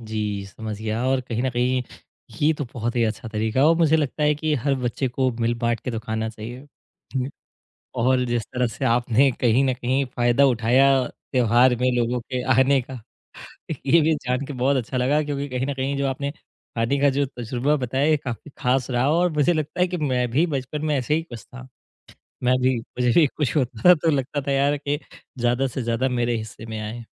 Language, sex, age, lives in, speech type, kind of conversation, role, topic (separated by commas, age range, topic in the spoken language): Hindi, male, 30-34, India, conversation, podcast, host, माँ के हाथ का खाना आपके लिए इतना खास क्यों है?